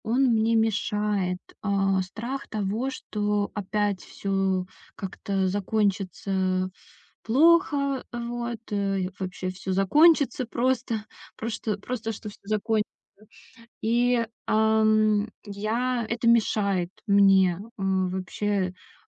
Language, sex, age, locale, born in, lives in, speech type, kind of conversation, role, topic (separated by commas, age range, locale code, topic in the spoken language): Russian, female, 30-34, ru-RU, Russia, Estonia, conversation, advice, user, Как мне справиться со страхом потери и уязвимостью перед началом новых отношений?